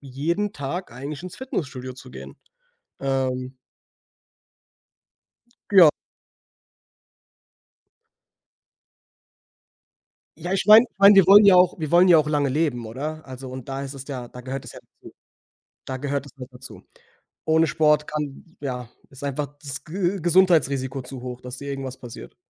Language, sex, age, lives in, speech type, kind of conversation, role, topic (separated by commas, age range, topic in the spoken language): German, male, 25-29, Germany, conversation, unstructured, none, Was motiviert dich, regelmäßig Sport zu treiben?